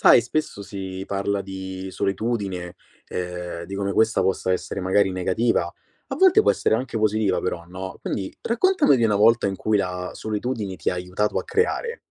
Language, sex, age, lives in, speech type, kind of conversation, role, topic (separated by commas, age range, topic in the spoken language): Italian, male, 25-29, Italy, conversation, podcast, host, Raccontami di una volta in cui la solitudine ti ha aiutato a creare?